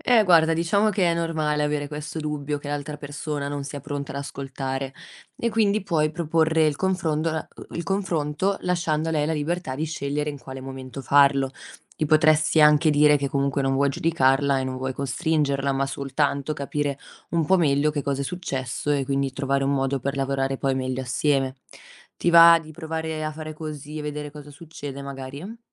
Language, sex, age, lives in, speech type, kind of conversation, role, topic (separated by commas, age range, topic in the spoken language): Italian, female, 25-29, Italy, read, expert-assistant, expert, Come si può imparare a gestire i conflitti in modo costruttivo?